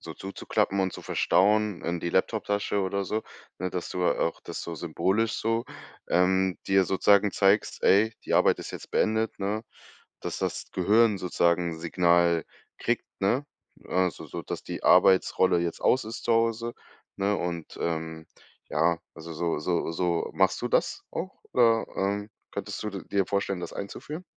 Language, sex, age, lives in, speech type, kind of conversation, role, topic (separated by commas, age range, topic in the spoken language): German, male, 25-29, Germany, conversation, advice, advisor, Wie kann ich zu Hause besser entspannen und zur Ruhe kommen?